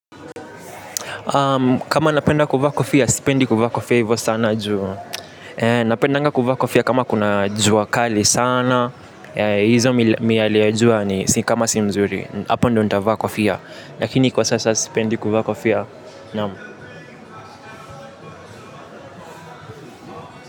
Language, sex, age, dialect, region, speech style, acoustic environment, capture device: Swahili, male, 18-24, Kenya - Nairobi Sheng-influenced, Kenya, happy; relaxed, silence, mobile / Linux / Chrome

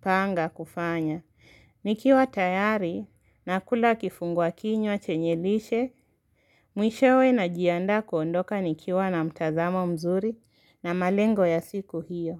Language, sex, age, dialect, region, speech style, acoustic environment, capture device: Swahili, female, 18-24, Kenya - Nairobi Sheng-influenced, Kenya, focused, silence, mobile / Linux / Chrome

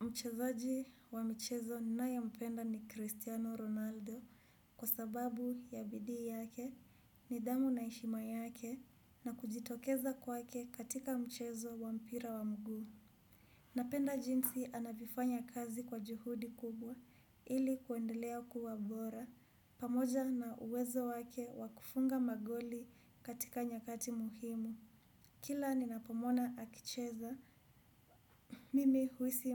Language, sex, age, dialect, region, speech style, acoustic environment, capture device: Swahili, female, 25-34, Kenya - Kiambu, Kenya, relaxed, silence, desktop / Windows / Chrome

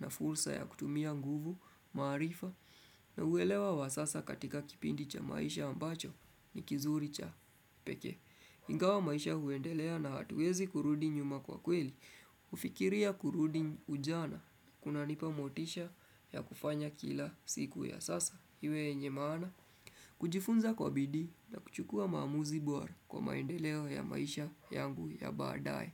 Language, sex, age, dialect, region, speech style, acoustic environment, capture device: Swahili, male, 25-34, Kenya - Nairobi Sheng-influenced, Kenya, focused, nature; appliances, desktop / Windows / Chrome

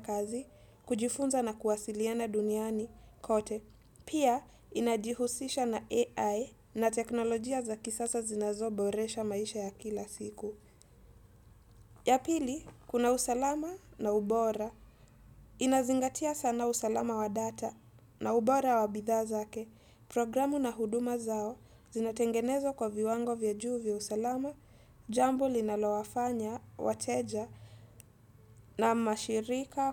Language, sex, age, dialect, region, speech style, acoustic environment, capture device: Swahili, female, 18-24, Kenya - Mombasa, Kenya, happy, silence, desktop / Windows / Edge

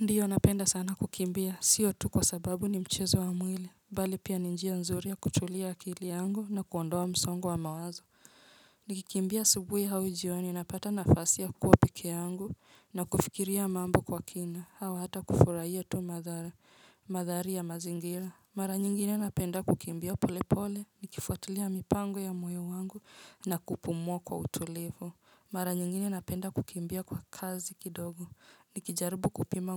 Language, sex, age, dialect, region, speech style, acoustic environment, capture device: Swahili, female, 25-34, Kenya - Nairobi Sheng-influenced, Kenya, relaxed, nature, mobile / Linux / Chrome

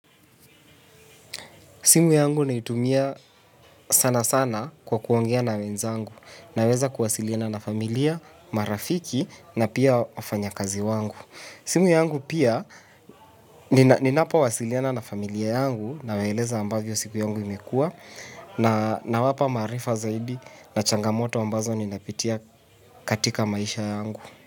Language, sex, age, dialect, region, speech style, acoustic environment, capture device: Swahili, male, 18-24, Kenya - Nairobi Sheng-influenced, Kenya, anxious, silence, mobile / Linux / Chrome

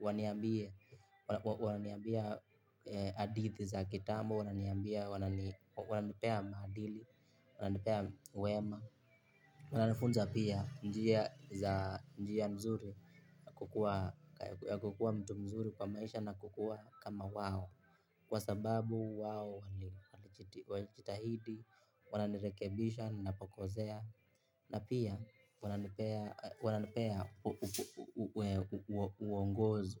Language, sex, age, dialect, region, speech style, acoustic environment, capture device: Swahili, male, 18-24, Kenya - Nairobi Sheng-influenced, Kenya, happy, people talking; traffic, mobile / Linux / Chrome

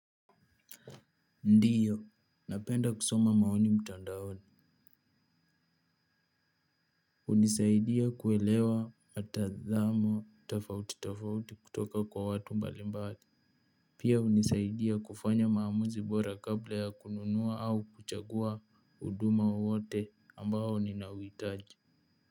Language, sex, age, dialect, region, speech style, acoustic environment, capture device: Swahili, male, 18-24, Kenya - Nairobi Sheng-influenced, Kenya, focused, appliances, mobile / Linux / Chrome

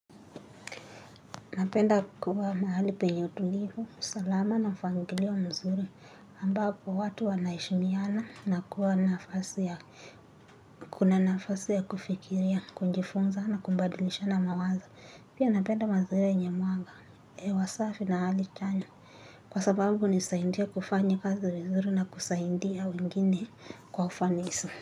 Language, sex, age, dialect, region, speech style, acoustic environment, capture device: Swahili, female, 18-24, Kenya - Nairobi Sheng-influenced, Kenya, tired; anxious, nature, mobile / Linux / Chrome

